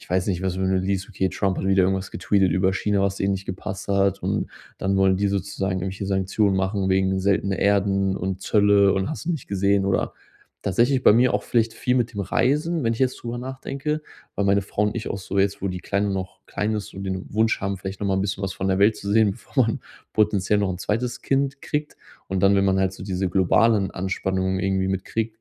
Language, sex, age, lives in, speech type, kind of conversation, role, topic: German, male, 30-34, Germany, conversation, advice, user, Wie kann ich mit der Überforderung durch ständige Krisennachrichten in den Medien umgehen?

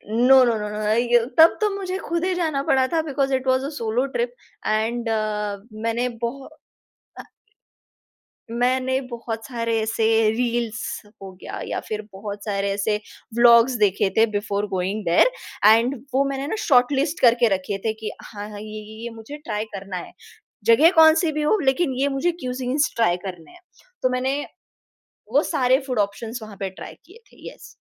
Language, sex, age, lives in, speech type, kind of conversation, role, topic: Hindi, female, 20-24, India, conversation, podcast, guest, Kya aap apni koi local khane ki yaad share karoge jo aaj bhi yaad rehti hai?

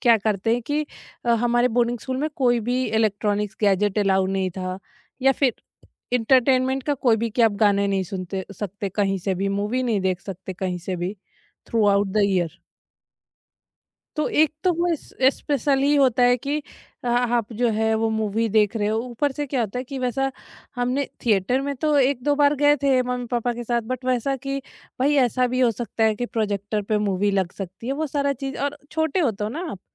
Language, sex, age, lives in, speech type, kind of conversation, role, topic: Hindi, female, 30-34, India, conversation, podcast, guest, Tum kaunsi film baar-baar dekh sakte ho, aur kyun?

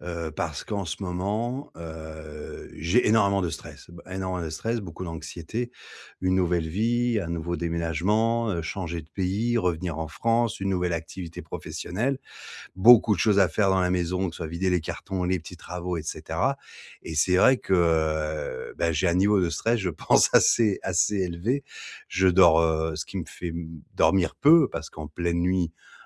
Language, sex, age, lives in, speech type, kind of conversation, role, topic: French, male, 40-44, France, conversation, advice, user, Techniques simples pour réduire le stress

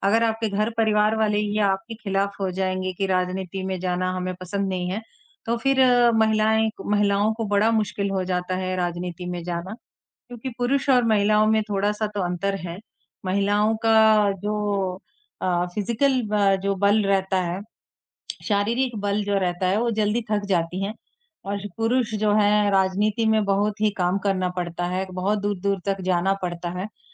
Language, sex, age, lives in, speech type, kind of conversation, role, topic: Hindi, male, 18-19, India, conversation, unstructured, none, राजनीति में महिला नेताओं की बढ़ती भागीदारी क्यों जरूरी है?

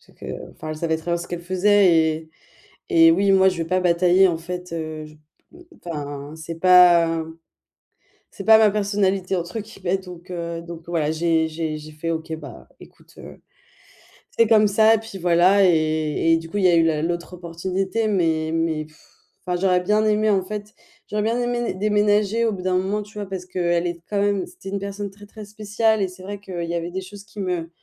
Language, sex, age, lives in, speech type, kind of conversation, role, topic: French, female, 25-29, Germany, conversation, advice, user, Comment rebondir après un changement imprévu dans ma vie ?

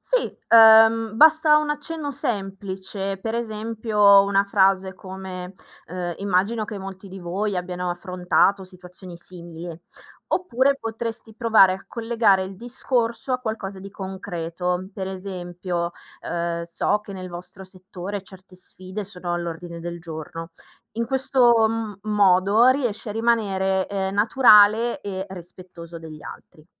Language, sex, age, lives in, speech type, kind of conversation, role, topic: Italian, female, 25-29, Italy, read, expert-assistant, expert, Come posso usare le tecniche del palcoscenico per migliorare la mia presenza nelle situazioni quotidiane?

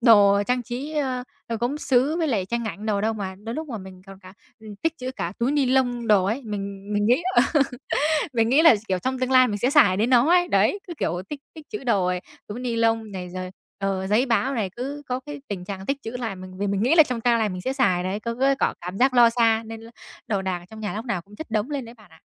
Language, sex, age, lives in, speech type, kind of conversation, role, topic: Vietnamese, female, 20-24, Vietnam, conversation, advice, user, Làm sao để bớt chật nhà khi có nhiều đồ không dùng nhưng bạn vẫn muốn giữ?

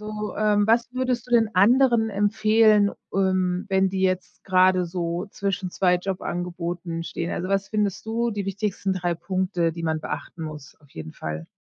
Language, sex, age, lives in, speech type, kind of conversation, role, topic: German, female, 45-49, United States, conversation, podcast, host, Wie triffst du deine Entscheidung, wenn du zwischen zwei Jobangeboten wählen musst?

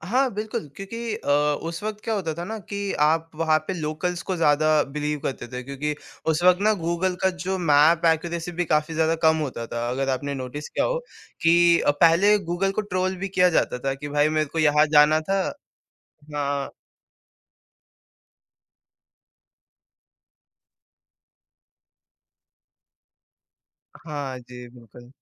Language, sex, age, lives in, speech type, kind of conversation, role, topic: Hindi, male, 18-19, India, conversation, podcast, guest, Apni language ya accent badalna pada toh kaisa feel hua?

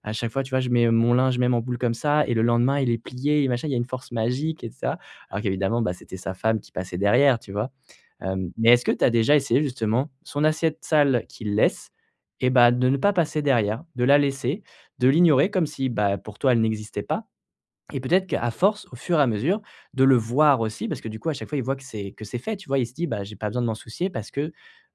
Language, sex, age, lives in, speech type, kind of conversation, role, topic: French, male, 25-29, France, conversation, advice, advisor, Comment puis-je déléguer efficacement mes tâches quotidiennes répétitives ?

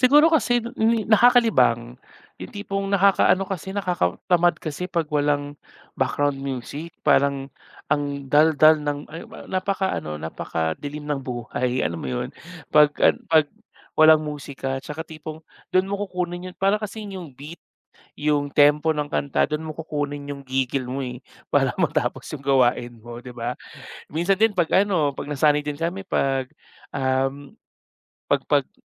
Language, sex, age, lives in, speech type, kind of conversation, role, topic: Filipino, male, 30-34, Philippines, conversation, podcast, guest, Ano ang unang album o teyp na naaalala mong binili o hiniram?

